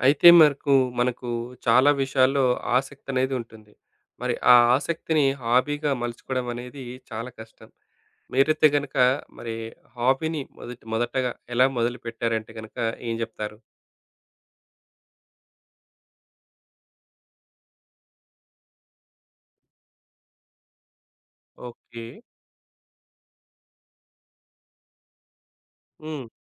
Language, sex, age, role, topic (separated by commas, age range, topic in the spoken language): Telugu, male, 35-39, host, ఆ హాబీని మీరు మొదట ఎలా ప్రారంభించారు?